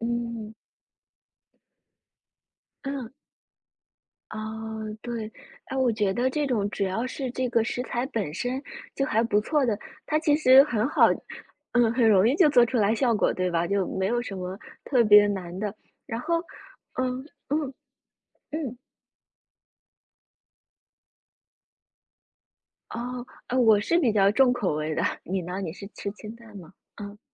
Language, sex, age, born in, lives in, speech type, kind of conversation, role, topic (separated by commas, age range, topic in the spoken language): Chinese, female, 25-29, China, United States, conversation, unstructured, none, 你有没有尝试过用新的食材做菜？